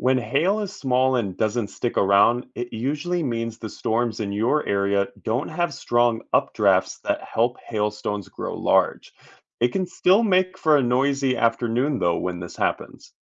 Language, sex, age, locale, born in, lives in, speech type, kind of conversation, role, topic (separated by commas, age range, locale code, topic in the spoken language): English, male, 30-34, en-US, United States, United States, read, expert-assistant, expert, What happens inside thunderstorms to cause lightning, hail, and thunder?